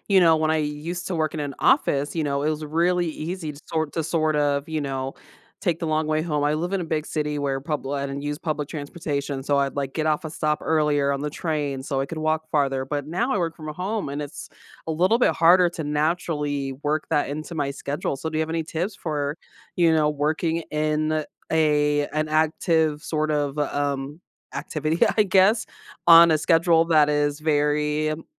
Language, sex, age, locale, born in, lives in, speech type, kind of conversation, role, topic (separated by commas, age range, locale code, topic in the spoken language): English, female, 35-39, en-US, United States, United States, conversation, podcast, host, How can small changes in daily habits help us stay active and healthy?